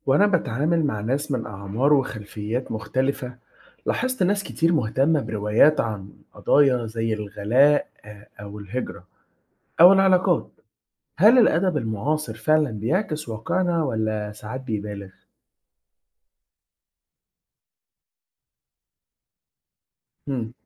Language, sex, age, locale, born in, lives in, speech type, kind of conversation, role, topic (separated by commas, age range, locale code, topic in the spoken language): Arabic, male, 20-24, ar-EG, Egypt, Egypt, read, expert-assistant, asker, ممكن تشرحلي إزاي الأدب المعاصر بيعبر عن قضايا المجتمع المصري النهاردة؟